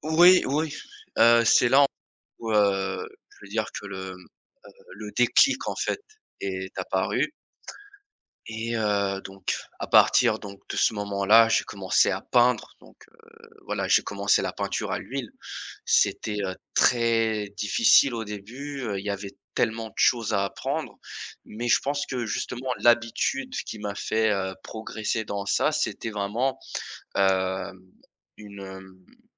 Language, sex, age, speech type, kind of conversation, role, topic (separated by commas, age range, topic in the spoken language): French, male, 20-24, conversation, podcast, guest, Quelle habitude t’a fait le plus progresser ?